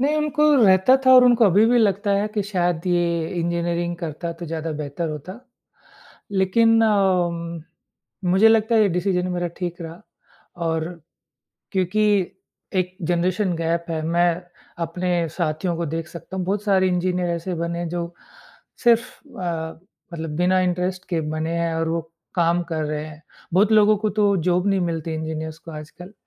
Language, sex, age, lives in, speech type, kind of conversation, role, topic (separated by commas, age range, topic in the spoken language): Hindi, male, 40-44, India, conversation, podcast, guest, Parents ki expectations se cope karne ke liye aap kya tips doge?